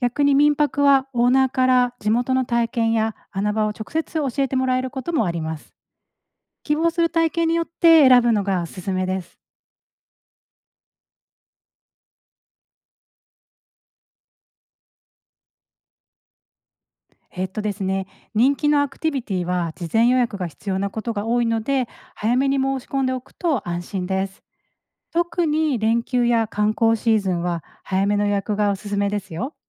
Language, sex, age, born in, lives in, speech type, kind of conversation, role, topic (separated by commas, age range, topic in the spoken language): Japanese, female, 40-44, Japan, Japan, read, expert-assistant, expert, 家族旅行にホテルと民泊のどちらを選ぶべきですか？